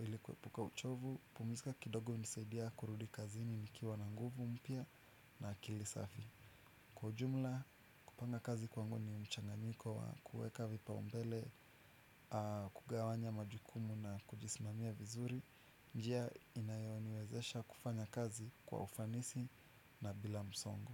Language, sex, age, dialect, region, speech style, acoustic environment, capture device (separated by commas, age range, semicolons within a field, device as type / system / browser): Swahili, male, 25-34, Kenya - Nairobi Sheng-influenced, Kenya, relaxed; anxious, nature, desktop / Windows / Chrome